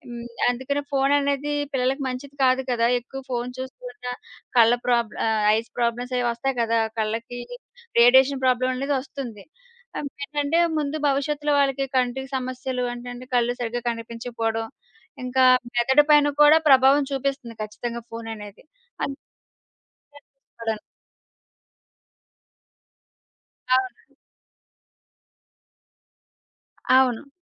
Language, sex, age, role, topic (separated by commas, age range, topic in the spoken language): Telugu, female, 30-34, guest, పిల్లలను పెంచడంలో మీరు పాటించే ప్రధాన సూత్రాలు ఏమిటి?